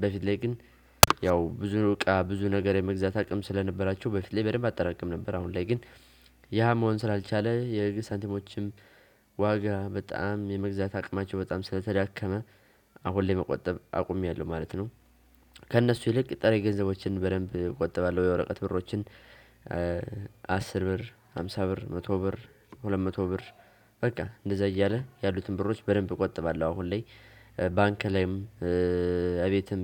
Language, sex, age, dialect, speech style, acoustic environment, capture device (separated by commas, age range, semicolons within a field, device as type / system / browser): Amharic, male, 18-24, Ethiopia - Gojjam, tired; excited, silence, desktop / Windows / Chrome